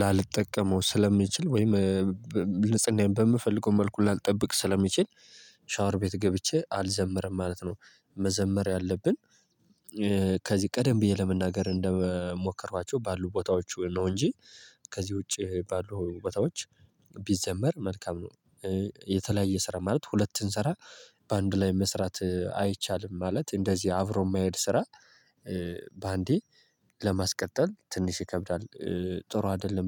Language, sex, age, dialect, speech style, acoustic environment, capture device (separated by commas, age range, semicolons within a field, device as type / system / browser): Amharic, male, 18-24, Ethiopia - Gojjam, happy, people talking, mobile / Linux / Chrome